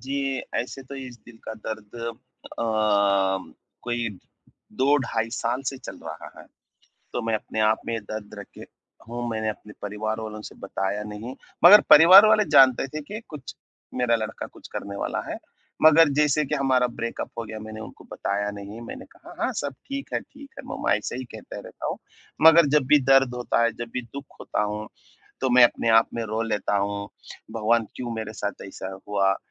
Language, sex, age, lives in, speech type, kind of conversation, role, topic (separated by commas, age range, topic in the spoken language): Hindi, male, 30-34, India, conversation, advice, user, मैं दिल के दुख और खोई हुई आशाओं से कैसे उबर सकता/सकती हूँ?